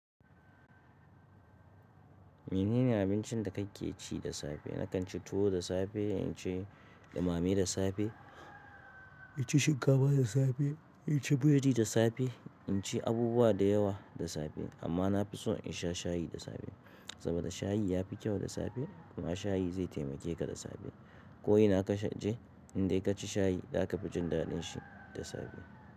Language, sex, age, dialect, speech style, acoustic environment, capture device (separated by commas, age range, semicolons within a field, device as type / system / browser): Hausa, male, 35-44, Nigeria - Kano, tired, silence, mobile / Linux / Chrome